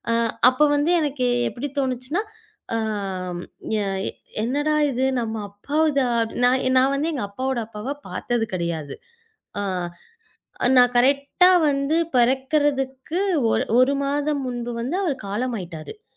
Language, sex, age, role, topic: Tamil, female, 25-29, guest, பழைய குடும்பப் பாரம்பரியப் பொருட்கள் அல்லது சின்னங்கள் குறித்து உங்கள் நினைவுகளைப் பகிர முடியுமா?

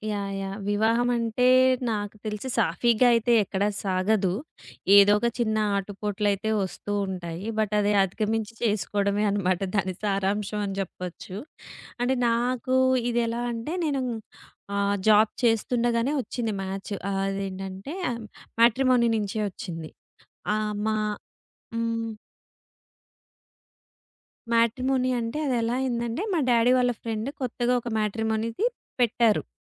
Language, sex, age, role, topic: Telugu, female, 30-34, guest, వివాహంలో సంభాషణ ఎలా ఉండాలి?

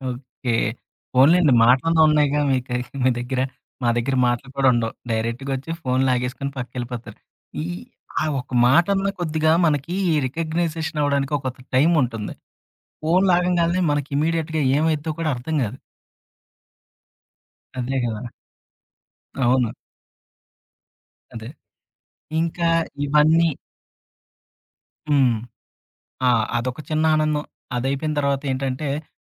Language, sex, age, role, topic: Telugu, male, 30-34, guest, నీ రోజువారీ చిన్న ఆనందాల గురించి చెప్పగలవా?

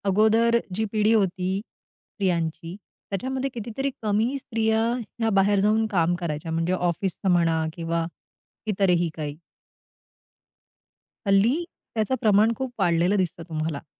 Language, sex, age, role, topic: Marathi, female, 40-44, guest, घरकामाचं वाटप पिढ्यान्‌पिढ्या कसं बदललं आहे?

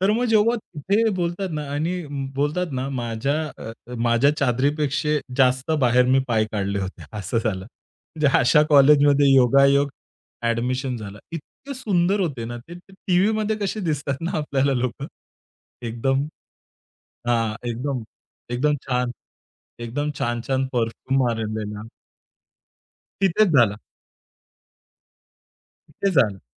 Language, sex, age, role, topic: Marathi, male, 30-34, guest, तुमची स्वतःची पेहरावशैली कशी विकसित झाली?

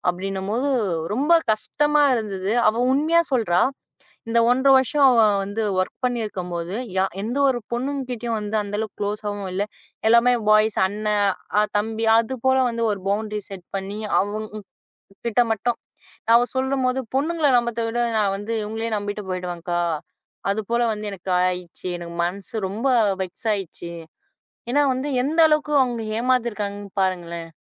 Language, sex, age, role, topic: Tamil, female, 20-24, guest, வாழ்க்கையில் எல்லைகள் அமைத்தால் ஏற்படும் நன்மைகள் என்னென்ன?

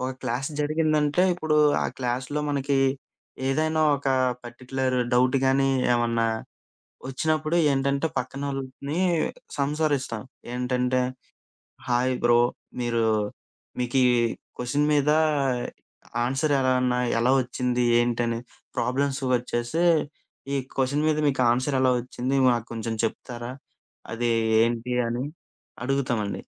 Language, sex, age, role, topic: Telugu, male, 25-29, guest, కొత్త వ్యక్తితో మొదటి మాట ఎలా మొదలుపెడతారు?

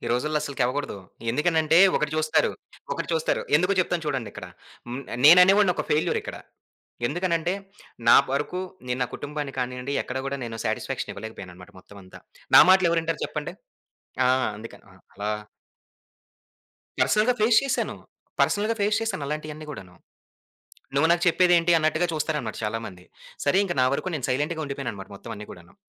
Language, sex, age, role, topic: Telugu, male, 25-29, guest, మీరు ఎదుర్కొన్న ఆశ్చర్యకరమైన, అనుకోని సంఘటనను చెప్పగలరా?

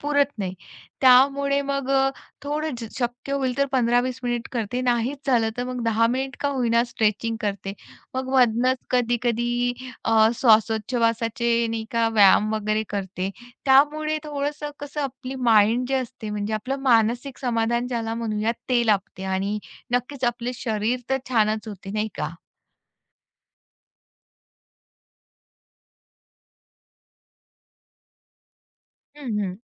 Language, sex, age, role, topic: Marathi, female, 35-39, guest, सकाळी उठल्यावर तुमची पहिली सवय काय असते?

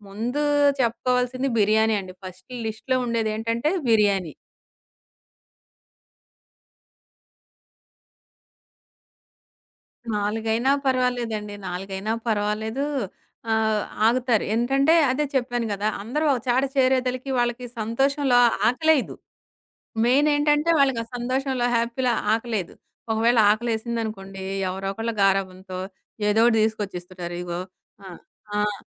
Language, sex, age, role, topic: Telugu, female, 40-44, guest, పెద్ద కుటుంబ వేడుకలకు వంటకాల జాబితాను ఎలా నిర్ణయిస్తారు?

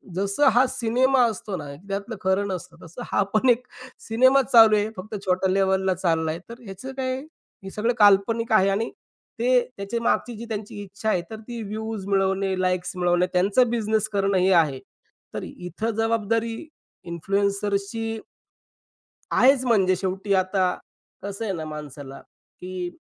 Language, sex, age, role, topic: Marathi, male, 35-39, guest, प्रभावकांनी सामाजिक जबाबदारी स्वीकारली पाहिजे का?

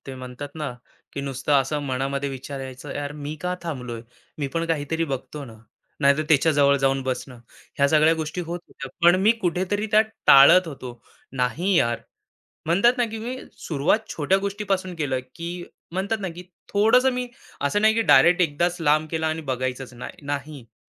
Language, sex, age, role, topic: Marathi, male, 25-29, guest, काम आणि घर यातील समतोलासाठी फोनचा वापर कसा मर्यादित ठेवता?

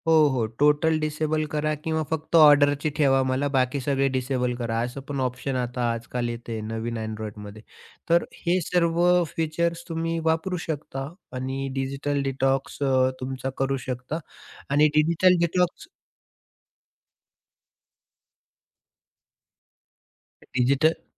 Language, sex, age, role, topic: Marathi, male, 30-34, guest, सूचना कशा व्यवस्थापित करता आणि त्यासाठी काही सोपे उपाय आहेत का?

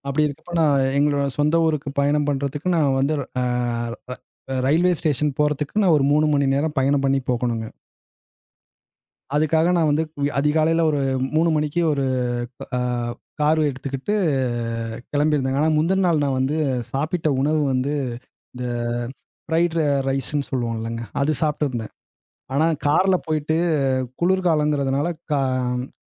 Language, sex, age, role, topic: Tamil, male, 35-39, guest, உணர்ச்சி நிலை உடலோடு எப்படி தொடர்புபடுகிறது?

